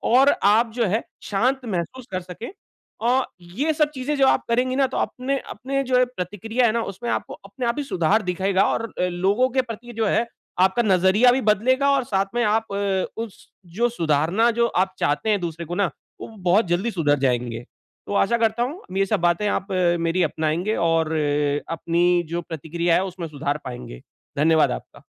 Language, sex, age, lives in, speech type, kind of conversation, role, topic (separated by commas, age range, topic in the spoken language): Hindi, male, 40-44, India, conversation, advice, advisor, मैं प्रतिक्रिया का उपयोग करके खुद को कैसे सुधार सकता/सकती हूँ?